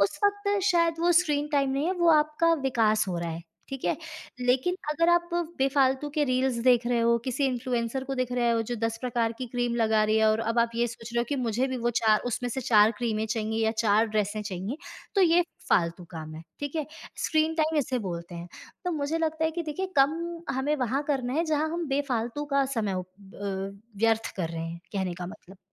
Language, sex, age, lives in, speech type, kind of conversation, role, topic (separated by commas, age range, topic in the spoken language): Hindi, female, 25-29, India, conversation, podcast, guest, आपको स्क्रीन के सामने बिताया जाने वाला समय सीमित करना ज़रूरी क्यों लगता है?